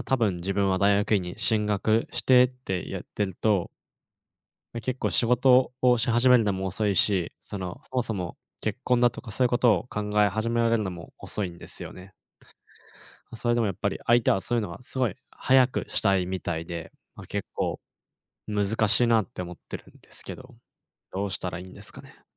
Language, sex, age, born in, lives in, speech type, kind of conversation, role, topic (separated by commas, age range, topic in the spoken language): Japanese, male, 20-24, Japan, Japan, conversation, advice, user, 将来の目標が定まらず、進路選択に迷っているのですが、どうすればよいでしょうか？